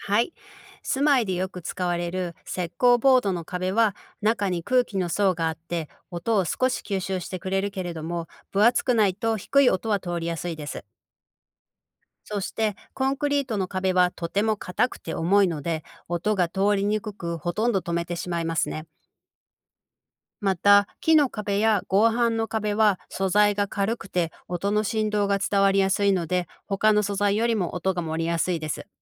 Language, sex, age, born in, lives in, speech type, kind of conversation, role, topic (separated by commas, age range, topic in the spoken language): Japanese, female, 45-49, Japan, United States, read, expert-assistant, expert, なぜ音は壁を通り抜けにくいのか説明してください。